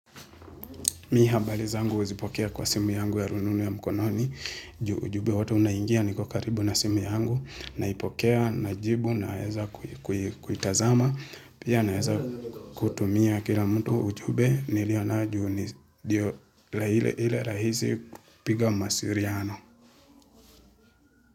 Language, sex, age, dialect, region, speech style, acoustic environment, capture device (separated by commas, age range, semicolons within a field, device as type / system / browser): Swahili, male, 25-34, Kenya - Nairobi Sheng-influenced, Kenya, relaxed, silence, mobile / Linux / Chrome